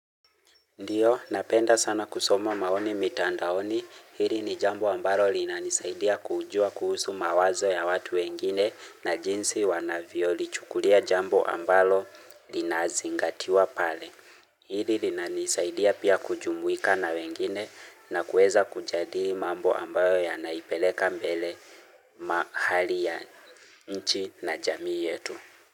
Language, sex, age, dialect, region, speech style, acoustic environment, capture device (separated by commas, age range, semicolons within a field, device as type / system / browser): Swahili, male, 25-34, Kenya - Nairobi Sheng-influenced, Kenya, relaxed; focused, silence, mobile / Linux / Chrome